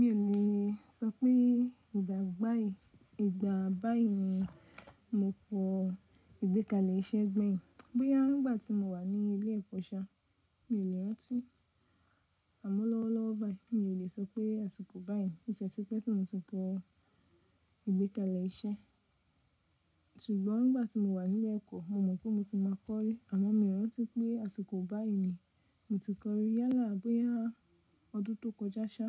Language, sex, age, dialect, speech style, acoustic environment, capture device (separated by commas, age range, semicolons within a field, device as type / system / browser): Yoruba, female, 25-34, Nigeria - Nigerian Standard, focused, nature, mobile / Linux / Chrome